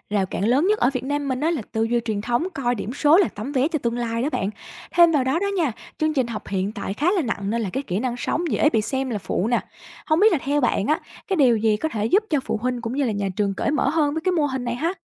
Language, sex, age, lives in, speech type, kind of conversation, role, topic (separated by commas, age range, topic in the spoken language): Vietnamese, female, 25-29, Vietnam, read, expert-assistant, expert, Vì sao một số quốc gia coi trọng điểm số, còn nơi khác lại chú ý đến kỹ năng sống trong giáo dục?